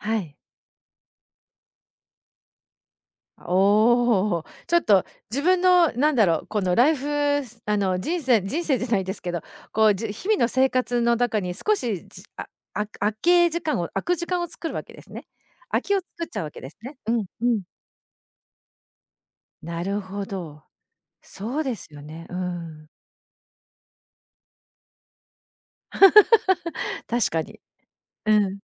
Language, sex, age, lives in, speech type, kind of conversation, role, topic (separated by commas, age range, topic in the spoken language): Japanese, female, 50-54, Japan, conversation, podcast, host, 自分らしいスタイルを見つけるために、最初に何から始めればいいですか？